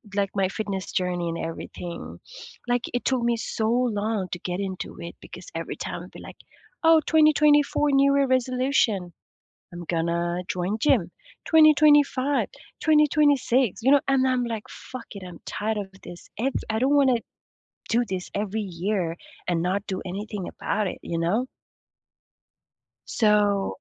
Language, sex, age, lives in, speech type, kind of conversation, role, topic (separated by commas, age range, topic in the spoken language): English, female, 25-29, United States, conversation, unstructured, none, What’s the most unexpected thing you’ve learned from a hobby?